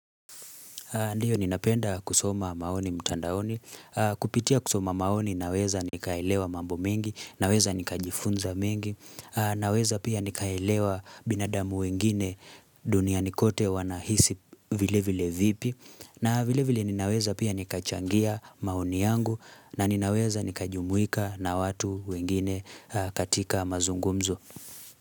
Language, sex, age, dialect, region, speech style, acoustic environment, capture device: Swahili, male, 25-34, Kenya - Nairobi Sheng-influenced, Kenya, relaxed; focused, silence, mobile / Linux / Chrome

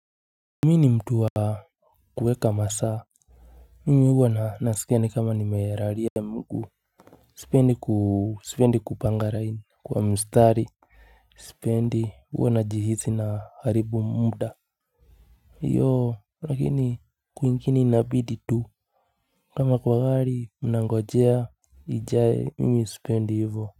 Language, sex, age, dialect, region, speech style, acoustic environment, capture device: Swahili, male, 25-34, Kenya - Nairobi Sheng-influenced, Kenya, relaxed, silence, mobile / Linux / Chrome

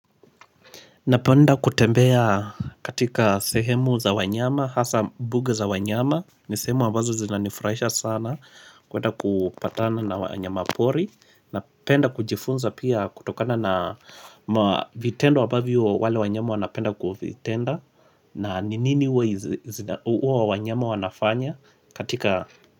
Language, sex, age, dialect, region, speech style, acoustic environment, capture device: Swahili, male, 25-34, Kenya - Mombasa, Kenya, happy; tired, music, mobile / Linux / Chrome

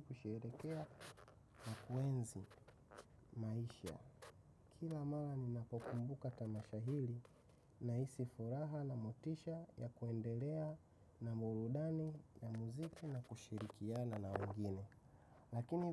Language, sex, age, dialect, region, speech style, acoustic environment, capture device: Swahili, male, 25-34, Tanzania - Dar es Salaam, Tanzania, happy; relaxed, silence; nature; appliances, mobile / macOS / Safari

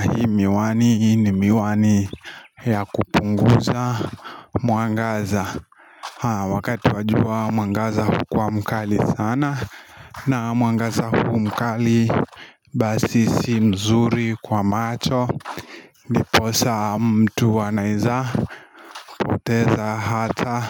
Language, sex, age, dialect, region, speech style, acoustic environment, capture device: Swahili, male, 25-34, Kenya - Nairobi Sheng-influenced, Kenya, nervous, people talking, mobile / Linux / Chrome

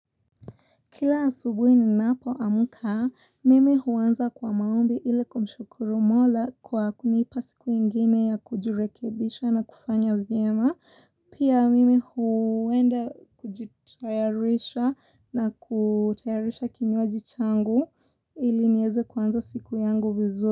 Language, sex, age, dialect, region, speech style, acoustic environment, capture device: Swahili, female, 18-24, Kenya - Mombasa, Kenya, relaxed, silence, mobile / Linux / Chrome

